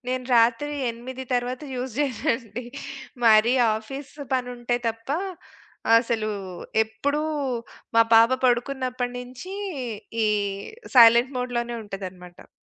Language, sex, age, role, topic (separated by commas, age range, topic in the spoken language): Telugu, female, 40-44, guest, ఫోన్‌, సామాజిక మాధ్యమాలు అతిగా వాడకుండా మీరు మీ అలవాట్లను ఎలా నియంత్రించుకుంటారు?